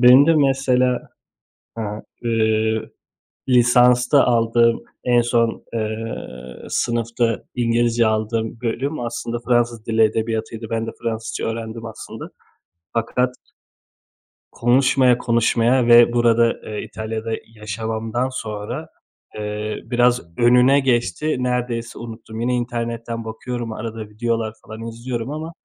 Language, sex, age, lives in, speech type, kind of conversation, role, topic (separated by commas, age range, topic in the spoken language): Turkish, male, 30-34, Italy, conversation, unstructured, none, Seni en çok şaşırtan eğitim deneyimi neydi?